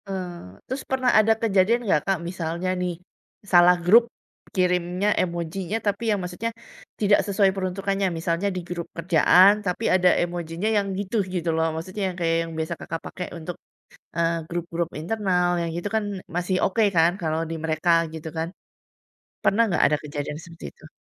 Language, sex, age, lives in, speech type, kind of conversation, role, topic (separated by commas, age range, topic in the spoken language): Indonesian, female, 40-44, Indonesia, conversation, podcast, host, Seberapa sering kamu memakai emoji saat mengobrol lewat chat, dan apa alasannya?